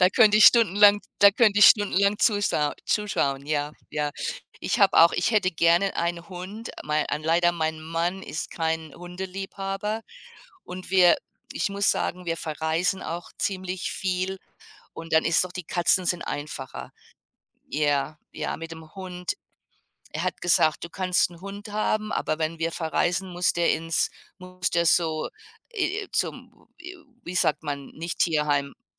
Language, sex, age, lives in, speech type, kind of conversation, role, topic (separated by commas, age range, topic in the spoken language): German, female, 55-59, United States, conversation, unstructured, none, Welche kleinen Dinge machen dich glücklich?